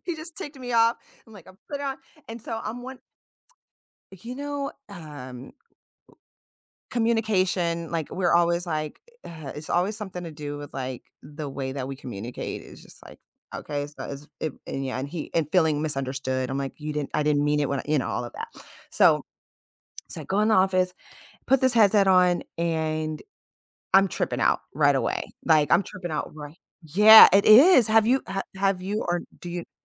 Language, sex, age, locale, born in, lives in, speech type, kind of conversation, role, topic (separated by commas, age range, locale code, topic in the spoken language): English, female, 35-39, en-US, United States, United States, conversation, advice, user, How can I stay positive and manage stress during a major life change?